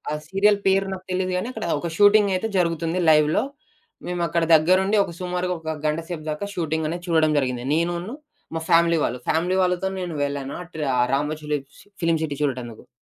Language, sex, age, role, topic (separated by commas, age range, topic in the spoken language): Telugu, male, 20-24, guest, మీరు చూసిన అత్యంత అందమైన దృశ్యం ఏది, అది మీకు ఎందుకు ముఖ్యంగా అనిపించింది?